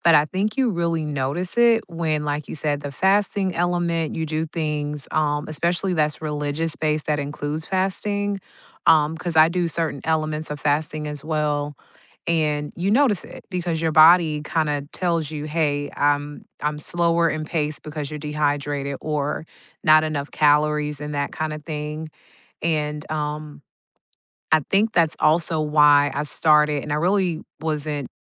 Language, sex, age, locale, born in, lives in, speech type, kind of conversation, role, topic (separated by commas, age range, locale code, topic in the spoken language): English, female, 40-44, en-US, United States, United States, conversation, unstructured, none, Which rituals or traditions matter most to you these days, and how do they help you feel connected?